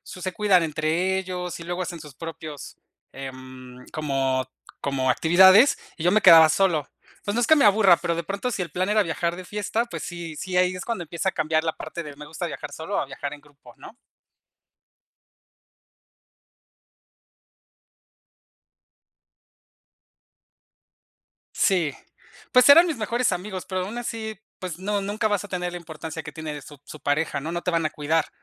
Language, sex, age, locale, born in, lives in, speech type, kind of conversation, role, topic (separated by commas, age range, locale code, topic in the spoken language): Spanish, male, 35-39, es-MX, Mexico, Mexico, conversation, unstructured, none, ¿Prefieres viajar solo o en grupo?